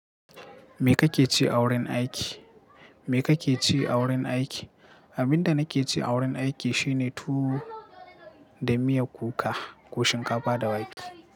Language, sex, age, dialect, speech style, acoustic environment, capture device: Hausa, male, 18-24, Nigeria - Katsina, happy; relaxed, people talking; silence, mobile / Linux / Chrome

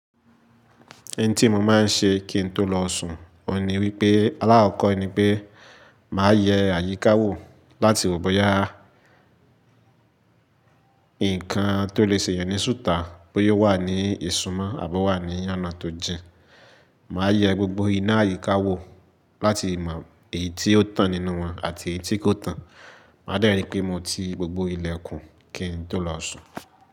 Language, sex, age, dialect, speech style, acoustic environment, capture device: Yoruba, male, 18-24, Nigeria - Lagos Yoruba, excited; focused; happy, nature, mobile / Linux / Chrome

